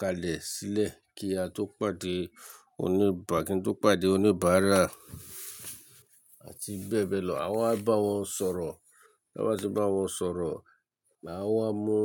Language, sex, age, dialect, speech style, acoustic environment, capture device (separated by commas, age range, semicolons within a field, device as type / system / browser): Yoruba, male, 35-44, Nigeria - Lagos Yoruba, relaxed, nature; silence, mobile / Linux / Chrome